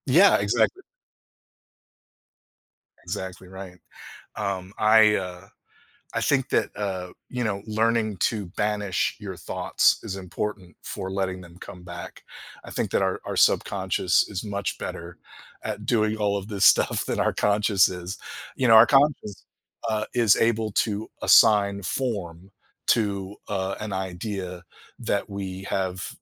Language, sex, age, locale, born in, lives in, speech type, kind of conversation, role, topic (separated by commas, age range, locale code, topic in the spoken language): English, male, 50-54, en-US, United States, United States, conversation, podcast, guest, What habits or mindsets help you stay creative when you feel stuck?